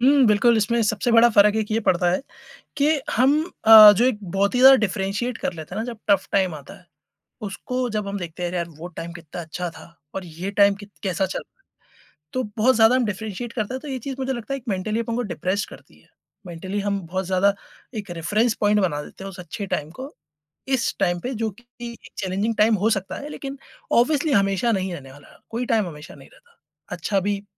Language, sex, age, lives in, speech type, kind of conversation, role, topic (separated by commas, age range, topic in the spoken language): Hindi, male, 30-34, India, conversation, podcast, guest, Kaunsi parampara aapko mushkil waqt mein sukoon deti hai?